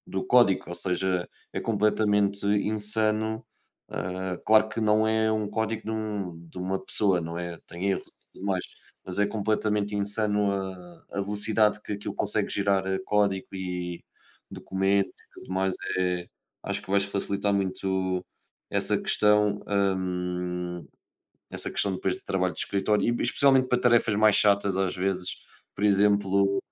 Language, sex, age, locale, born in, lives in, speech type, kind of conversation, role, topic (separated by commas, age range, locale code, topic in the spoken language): Portuguese, male, 20-24, pt-PT, Portugal, Portugal, conversation, unstructured, none, Como a inteligência artificial pode redefinir funções e carreiras no futuro do trabalho?